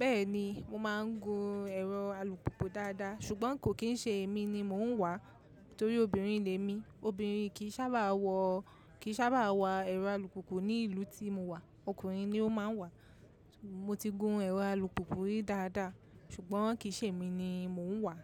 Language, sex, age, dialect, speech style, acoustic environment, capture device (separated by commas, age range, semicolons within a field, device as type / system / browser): Yoruba, female, 25-34, Nigeria - Lagos Yoruba, relaxed, people talking, mobile / macOS / Safari